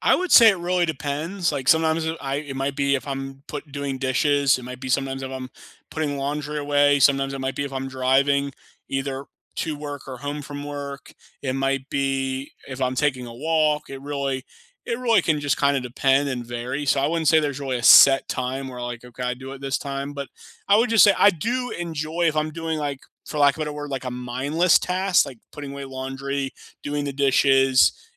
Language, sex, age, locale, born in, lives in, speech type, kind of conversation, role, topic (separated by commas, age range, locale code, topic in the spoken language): English, male, 30-34, en-US, United States, United States, conversation, unstructured, none, What podcasts do you keep recommending to friends, and what makes them meaningful to you?